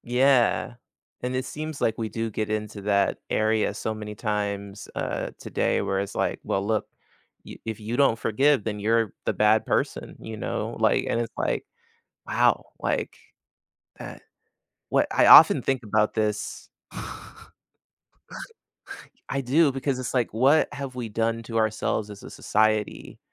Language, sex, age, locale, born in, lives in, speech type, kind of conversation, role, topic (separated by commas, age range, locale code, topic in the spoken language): English, male, 35-39, en-US, United States, United States, conversation, podcast, guest, In what ways can choosing to forgive transform relationships and help resolve disagreements?